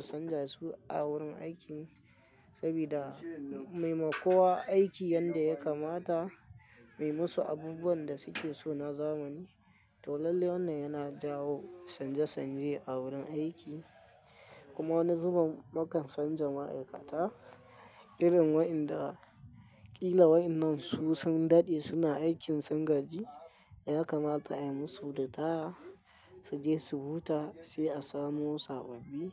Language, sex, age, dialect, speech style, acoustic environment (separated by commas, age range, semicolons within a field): Hausa, male, 25-34, Nigeria - Kano, relaxed, silence